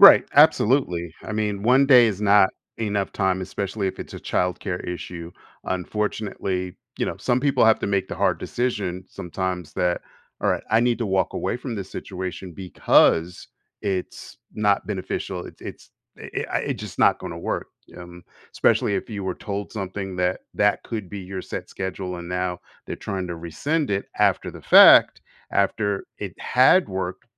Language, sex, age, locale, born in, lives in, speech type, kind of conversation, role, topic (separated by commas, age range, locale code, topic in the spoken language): English, male, 50-54, en-US, United States, United States, conversation, advice, advisor, How can I get recognition at work?